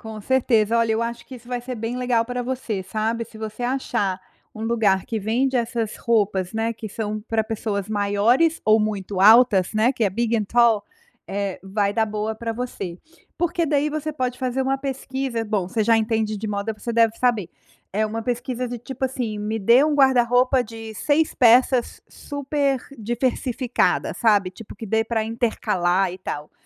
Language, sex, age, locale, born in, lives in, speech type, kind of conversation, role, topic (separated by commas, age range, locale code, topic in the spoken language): Portuguese, female, 45-49, pt-BR, Brazil, United States, conversation, advice, advisor, Como posso encontrar roupas que realmente caibam bem em mim?